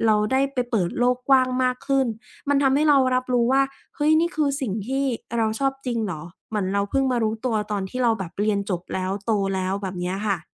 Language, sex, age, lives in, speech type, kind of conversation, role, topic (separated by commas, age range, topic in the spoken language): Thai, female, 25-29, Thailand, conversation, podcast, guest, คุณเคยเสียใจไหมที่เลือกความมั่นคงมากกว่าความฝัน?